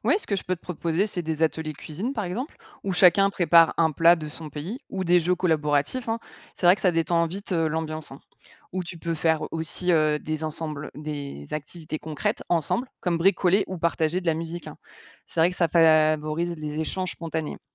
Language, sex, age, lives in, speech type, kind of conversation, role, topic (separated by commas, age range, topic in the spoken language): French, female, 35-39, France, read, expert-assistant, expert, Quelles solutions créatives pourraient aider les gens à profiter des échanges entre différents pays ?